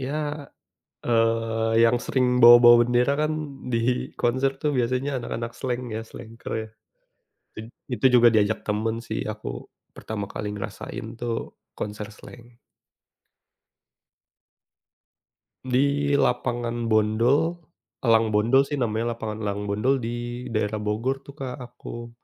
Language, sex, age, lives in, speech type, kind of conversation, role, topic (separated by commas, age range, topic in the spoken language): Indonesian, male, 30-34, Indonesia, conversation, unstructured, none, Apa kenangan favoritmu saat menonton konser atau pertunjukan langsung?